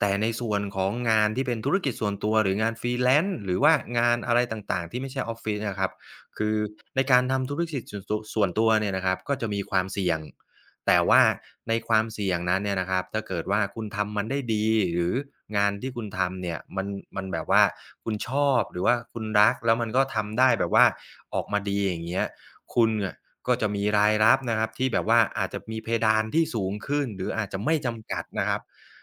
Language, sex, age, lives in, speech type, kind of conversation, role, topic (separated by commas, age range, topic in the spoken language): Thai, male, 35-39, Thailand, conversation, podcast, guest, การทำงานจากบ้านทำให้กิจวัตรประจำวันของคุณเปลี่ยนไปอย่างไรบ้าง?